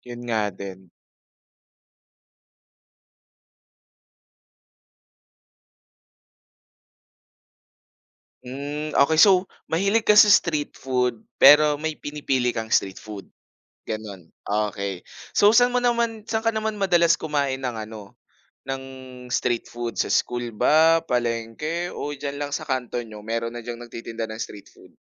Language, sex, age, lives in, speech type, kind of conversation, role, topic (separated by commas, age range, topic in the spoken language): Filipino, male, 25-29, Philippines, conversation, podcast, host, Ano ang pinaka-hindi mo malilimutang natikman sa pagkaing-kalye?